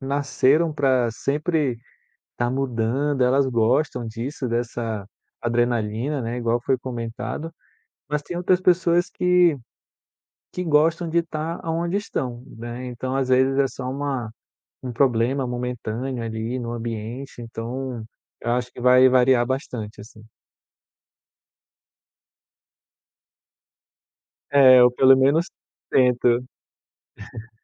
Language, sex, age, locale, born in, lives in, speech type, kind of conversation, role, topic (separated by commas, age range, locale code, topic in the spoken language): Portuguese, male, 35-39, pt-BR, Brazil, France, conversation, podcast, guest, Quando é a hora certa de mudar de carreira?